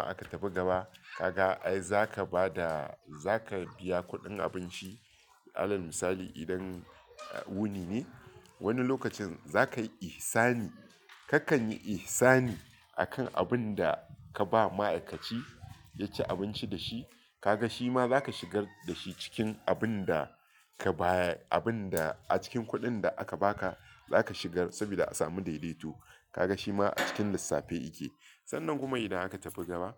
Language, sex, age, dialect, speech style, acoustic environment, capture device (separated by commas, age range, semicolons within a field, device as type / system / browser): Hausa, male, 35-44, Nigeria - Lagos Yoruba, focused, nature, mobile / Linux / Chrome